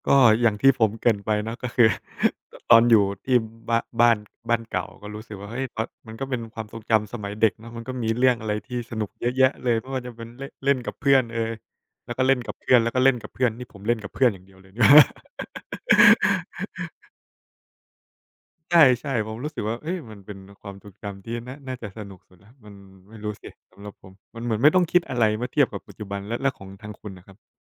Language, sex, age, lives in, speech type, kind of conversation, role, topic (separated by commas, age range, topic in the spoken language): Thai, male, 25-29, Thailand, conversation, unstructured, none, ความทรงจำที่มีความสุขที่สุดของคุณคืออะไร?